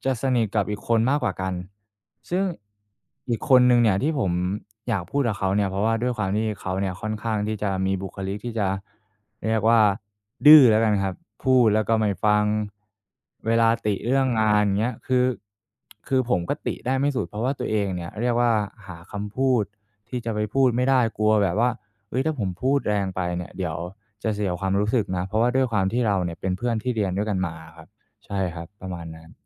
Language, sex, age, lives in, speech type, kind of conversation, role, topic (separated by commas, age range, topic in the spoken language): Thai, male, 25-29, Thailand, conversation, advice, user, ฉันควรให้คำติชมเพื่อนร่วมงานอย่างไรให้เขาไม่รู้สึกเสียใจ?